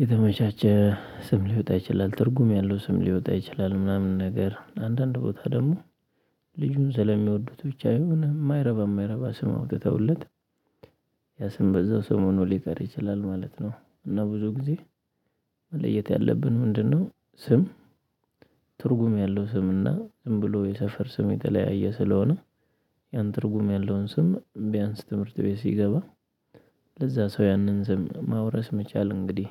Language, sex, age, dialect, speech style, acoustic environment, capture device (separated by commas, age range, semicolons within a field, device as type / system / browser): Amharic, male, 25-34, Ethiopia - Addis Ababa, happy, people talking; nature, mobile / Linux / Chrome